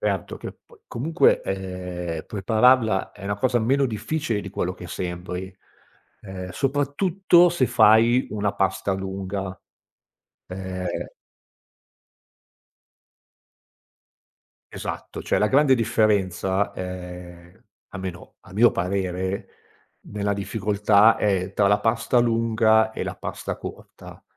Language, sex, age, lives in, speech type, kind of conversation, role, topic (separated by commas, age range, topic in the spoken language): Italian, male, 45-49, Italy, conversation, podcast, guest, Qual è il trucco per cucinare la pasta perfetta?